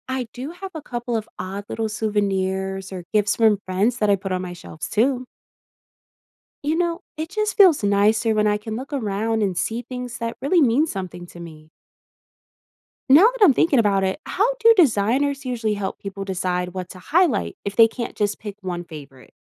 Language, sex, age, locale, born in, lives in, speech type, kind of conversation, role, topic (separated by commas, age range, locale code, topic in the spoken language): English, female, 20-24, en-US, United States, United States, read, expert-assistant, asker, Why is minimalistic home decor so popular now?